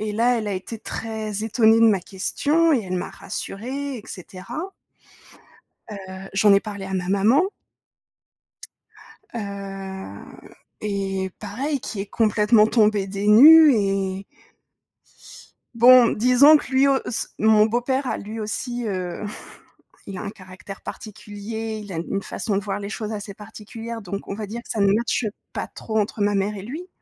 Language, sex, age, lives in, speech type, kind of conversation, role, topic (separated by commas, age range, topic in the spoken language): French, female, 30-34, France, conversation, advice, user, Comment puis-je réparer la confiance après avoir blessé quelqu’un et présenter des excuses sincères ?